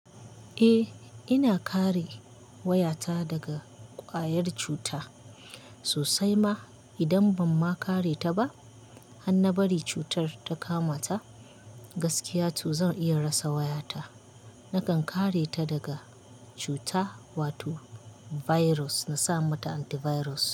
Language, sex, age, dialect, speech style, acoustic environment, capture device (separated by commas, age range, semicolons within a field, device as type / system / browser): Hausa, female, 25-34, Nigeria - Kano, happy; anxious; relaxed; excited; focused, nature; silence, mobile / Linux / Chrome